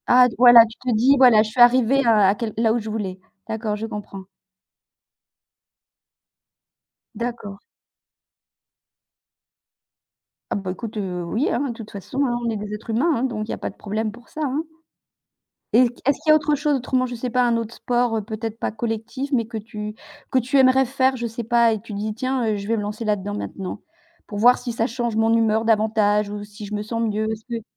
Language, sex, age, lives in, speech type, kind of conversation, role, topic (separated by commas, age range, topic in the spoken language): French, female, 55-59, France, conversation, unstructured, none, Comment le sport influence-t-il votre humeur au quotidien ?